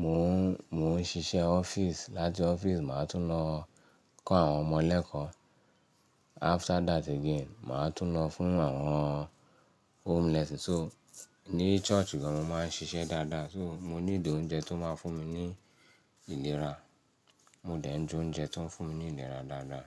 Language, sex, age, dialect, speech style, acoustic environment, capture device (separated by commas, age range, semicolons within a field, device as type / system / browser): Yoruba, male, 35-44, Nigeria - Ibadan, relaxed; happy, nature, mobile / Linux / Chrome